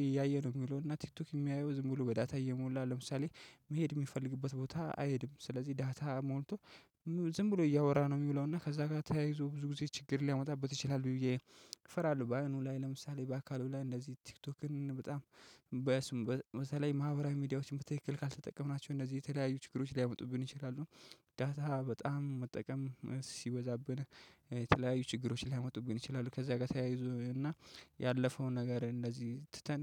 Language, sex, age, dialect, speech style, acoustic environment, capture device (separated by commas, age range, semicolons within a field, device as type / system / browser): Amharic, male, 25-34, Ethiopia - Addis Ababa, relaxed, nature, mobile / Linux / Chrome